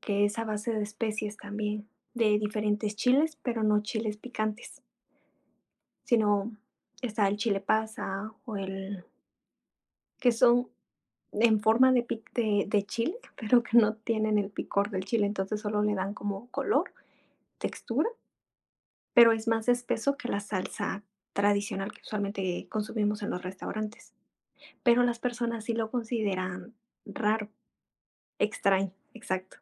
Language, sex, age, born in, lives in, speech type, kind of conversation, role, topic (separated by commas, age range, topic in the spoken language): Spanish, female, 25-29, Mexico, Mexico, conversation, unstructured, none, ¿Cuál es el platillo más raro que has probado?